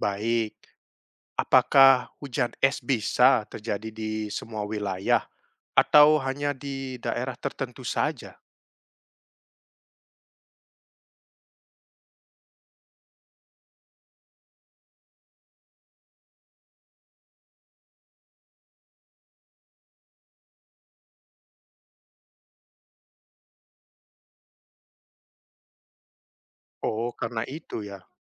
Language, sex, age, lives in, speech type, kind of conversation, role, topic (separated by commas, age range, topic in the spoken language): Indonesian, male, 30-34, Netherlands, read, expert-assistant, asker, Bagaimana proses terjadinya hujan es?